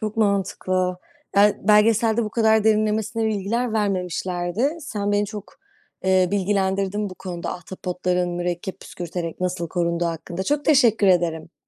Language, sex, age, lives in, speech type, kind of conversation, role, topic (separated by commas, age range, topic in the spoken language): Turkish, female, 25-29, Netherlands, read, expert-assistant, asker, Ahtapotlar mürekkep püskürterek nasıl korunur?